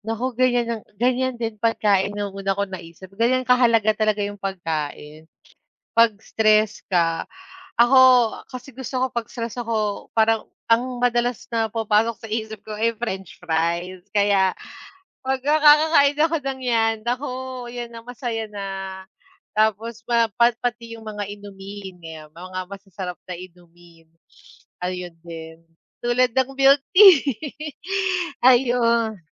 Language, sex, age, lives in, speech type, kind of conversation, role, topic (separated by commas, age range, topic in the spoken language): Filipino, female, 35-39, Philippines, conversation, unstructured, none, Ano ang mga simpleng bagay na nagbibigay ng saya sa iyo?